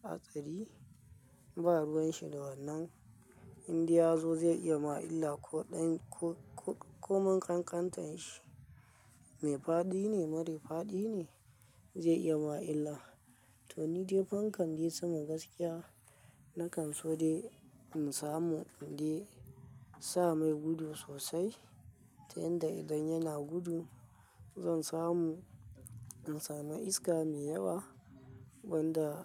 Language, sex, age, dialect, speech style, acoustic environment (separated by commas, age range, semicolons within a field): Hausa, male, 25-34, Nigeria - Kano, relaxed, silence